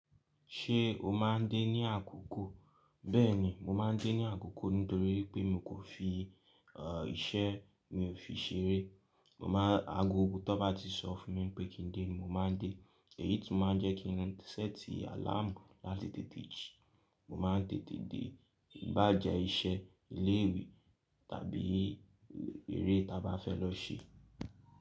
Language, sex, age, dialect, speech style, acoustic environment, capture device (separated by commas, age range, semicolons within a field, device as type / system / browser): Yoruba, male, 18-24, Nigeria - Lagos Yoruba, happy, nature, mobile / Linux / Chrome